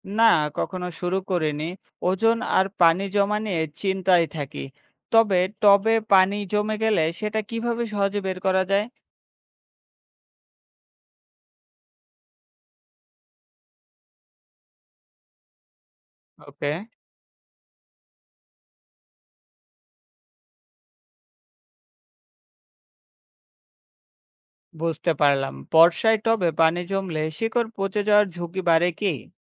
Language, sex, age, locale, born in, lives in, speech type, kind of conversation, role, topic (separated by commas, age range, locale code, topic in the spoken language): Bengali, male, 25-29, bn-BD, Bangladesh, Bangladesh, read, expert-assistant, asker, বাড়িতে সবুজ পরিবেশ গড়ে তুলতে ছাদবাগান ভালো, নাকি বারান্দা বাগান বেশি কার্যকর?